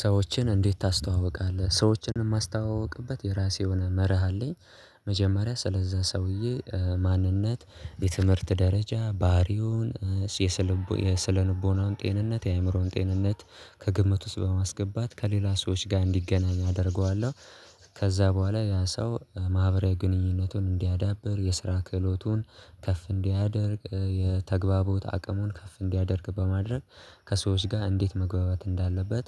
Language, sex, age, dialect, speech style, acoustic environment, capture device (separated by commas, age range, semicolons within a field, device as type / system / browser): Amharic, male, 18-24, Ethiopia - Addis Ababa, relaxed, silence, mobile / Linux / Chrome